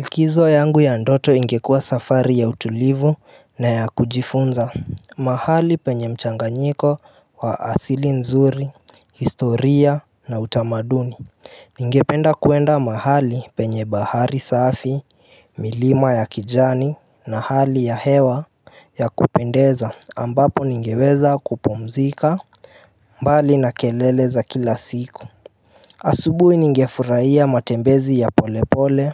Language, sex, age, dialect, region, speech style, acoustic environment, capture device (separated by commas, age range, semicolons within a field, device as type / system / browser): Swahili, male, 18-24, Kenya - Nairobi Sheng-influenced, Kenya, happy, appliances; nature; music; silence, mobile / Linux / Chrome